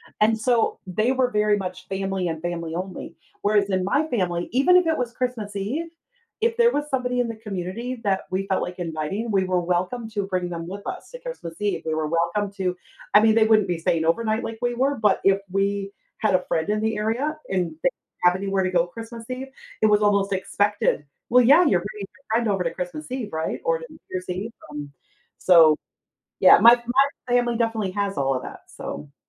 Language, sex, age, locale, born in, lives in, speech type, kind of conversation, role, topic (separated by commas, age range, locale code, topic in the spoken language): English, female, 50-54, en-US, United States, United States, conversation, podcast, guest, How do traditions help build a sense of belonging and connection in communities?